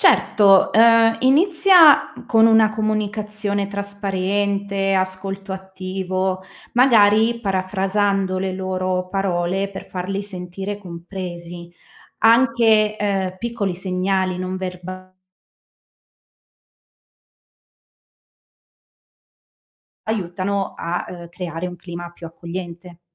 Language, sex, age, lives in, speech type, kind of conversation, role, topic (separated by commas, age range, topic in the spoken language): Italian, female, 30-34, Italy, read, expert-assistant, expert, Come si può imparare a creare fiducia con i pazienti, anche quando sono preoccupati o nervosi?